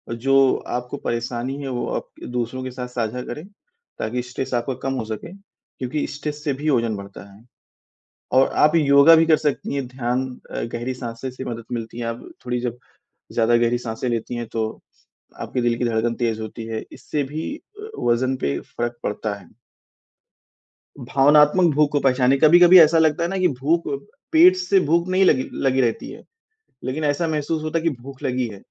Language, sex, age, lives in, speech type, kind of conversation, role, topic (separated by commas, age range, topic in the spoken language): Hindi, male, 20-24, India, conversation, advice, advisor, मैं वास्तविक और मापनीय लक्ष्य कैसे तय करूँ?